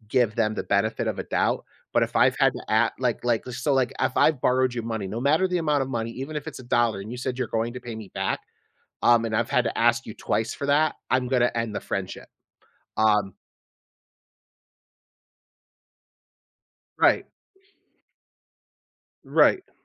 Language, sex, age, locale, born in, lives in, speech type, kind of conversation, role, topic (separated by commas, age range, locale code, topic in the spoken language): English, male, 35-39, en-US, United States, United States, conversation, podcast, guest, How do your personal values influence the way you live and make decisions?